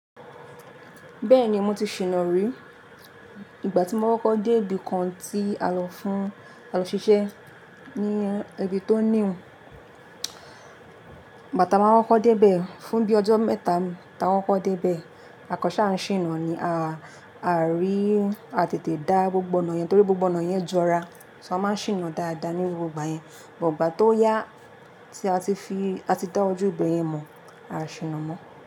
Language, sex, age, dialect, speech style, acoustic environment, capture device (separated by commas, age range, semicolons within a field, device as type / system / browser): Yoruba, female, 18-24, Nigeria - Lagos Yoruba, happy, appliances, mobile / Linux / Chrome